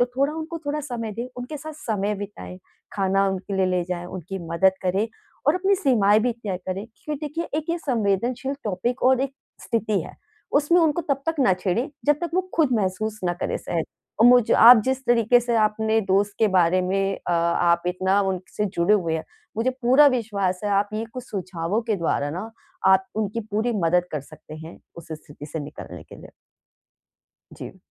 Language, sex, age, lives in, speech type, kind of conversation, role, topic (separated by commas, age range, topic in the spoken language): Hindi, female, 35-39, India, conversation, advice, advisor, मैं सहानुभूति दिखाते हुए अपने दोस्त की मदद कैसे करूँ?